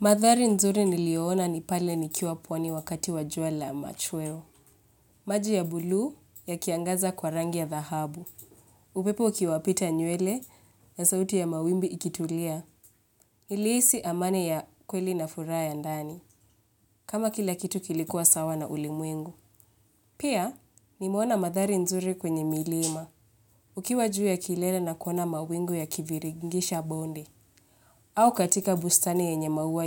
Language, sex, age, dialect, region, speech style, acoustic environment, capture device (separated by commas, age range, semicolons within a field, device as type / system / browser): Swahili, female, 25-34, Kenya - Nairobi Sheng-influenced, Kenya, relaxed; focused, nature; appliances, mobile / Linux / Chrome